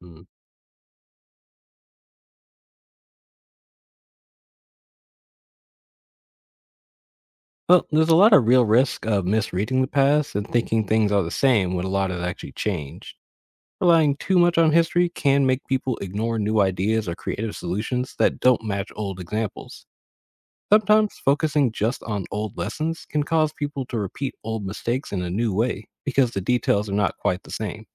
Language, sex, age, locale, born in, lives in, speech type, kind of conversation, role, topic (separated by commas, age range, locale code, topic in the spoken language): English, male, 25-29, en-US, United States, United States, read, expert-assistant, expert, How does learning from history improve decision-making?